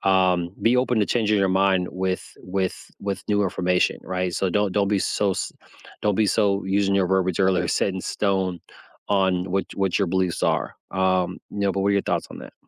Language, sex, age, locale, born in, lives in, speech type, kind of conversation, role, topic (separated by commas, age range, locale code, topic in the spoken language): English, male, 35-39, en-US, United States, United States, conversation, unstructured, none, Why do people get angry when their beliefs are challenged?